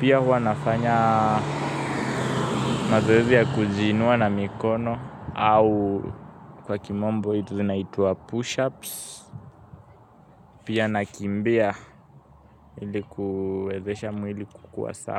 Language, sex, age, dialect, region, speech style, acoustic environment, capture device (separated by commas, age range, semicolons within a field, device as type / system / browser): Swahili, male, 18-24, Kenya - Nairobi Sheng-influenced, Kenya, happy, people talking; traffic, mobile / Linux / Chrome